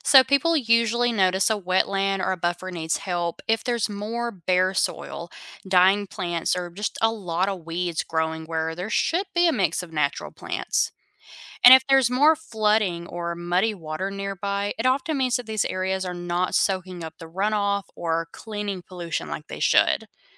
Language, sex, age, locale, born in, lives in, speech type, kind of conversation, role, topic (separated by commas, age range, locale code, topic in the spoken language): English, female, 25-29, en-US, United States, United States, read, expert-assistant, expert, Why is it important to keep rivers and lakes clean?